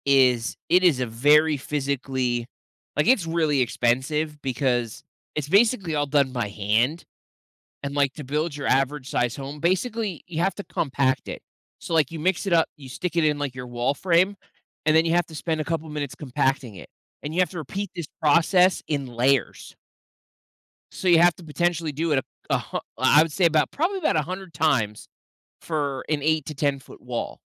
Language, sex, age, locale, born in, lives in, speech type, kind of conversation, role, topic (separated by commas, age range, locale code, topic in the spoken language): English, male, 30-34, en-US, United States, United States, conversation, podcast, guest, How does plastic pollution change the environment and affect living things?